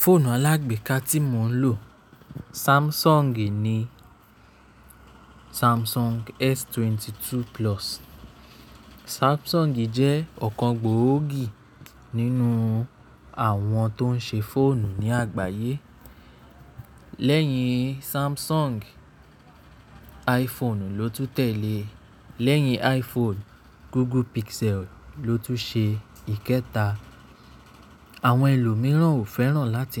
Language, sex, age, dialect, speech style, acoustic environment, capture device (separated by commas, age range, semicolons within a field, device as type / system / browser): Yoruba, male, 25-34, Nigeria - Nigerian Standard, relaxed; nervous; focused; excited, appliances; nature, mobile / Linux / Chrome